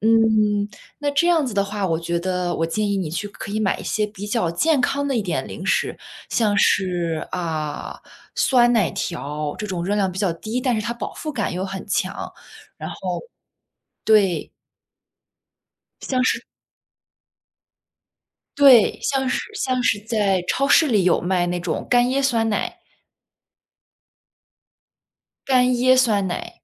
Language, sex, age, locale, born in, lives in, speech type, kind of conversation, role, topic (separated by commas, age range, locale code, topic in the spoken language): Chinese, female, 25-29, zh-CN, China, United States, conversation, advice, advisor, 情绪低落时总想暴饮暴食，我该如何控制这种情绪性进食的冲动？